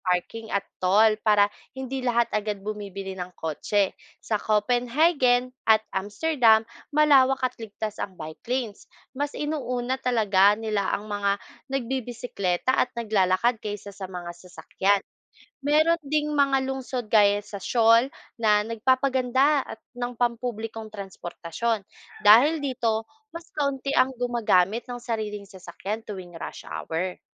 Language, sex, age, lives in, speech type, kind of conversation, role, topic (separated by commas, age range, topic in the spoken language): Filipino, female, 25-29, Philippines, read, expert-assistant, expert, Ano ang mga sanhi ng paglala ng trapik sa mga lungsod?